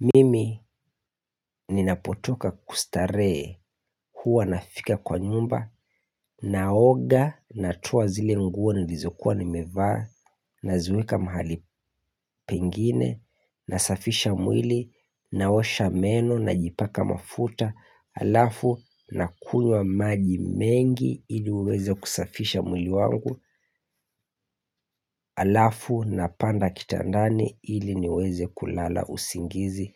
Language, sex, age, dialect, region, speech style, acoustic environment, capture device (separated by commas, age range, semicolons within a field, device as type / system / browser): Swahili, male, 25-34, Kenya - Mombasa, Kenya, happy, nature, mobile / Linux / Chrome